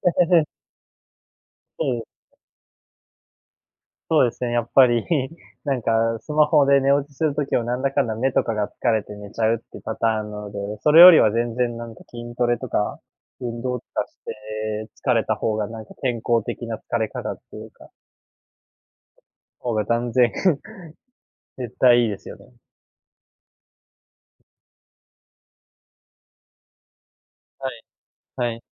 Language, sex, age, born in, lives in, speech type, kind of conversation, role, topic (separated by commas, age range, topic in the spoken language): Japanese, male, 20-24, Japan, Japan, conversation, advice, user, 寝る前についスマートフォンを見てしまって睡眠時間が短くなるのはなぜですか？